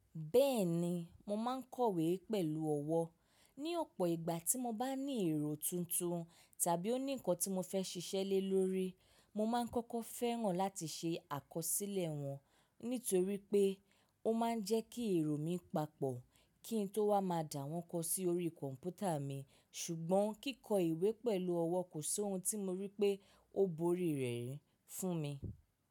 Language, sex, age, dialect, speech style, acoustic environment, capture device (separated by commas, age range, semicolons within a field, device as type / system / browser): Yoruba, female, 25-34, Nigeria - Lagos Yoruba, relaxed; focused, silence, mobile / macOS / Safari